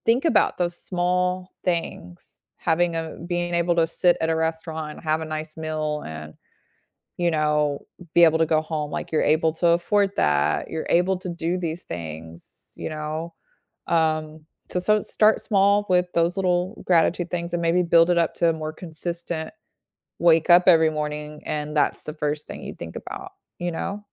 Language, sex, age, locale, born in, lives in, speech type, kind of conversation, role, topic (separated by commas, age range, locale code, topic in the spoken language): English, female, 35-39, en-US, United States, United States, conversation, podcast, guest, How can simple daily routines make a lasting difference in your happiness?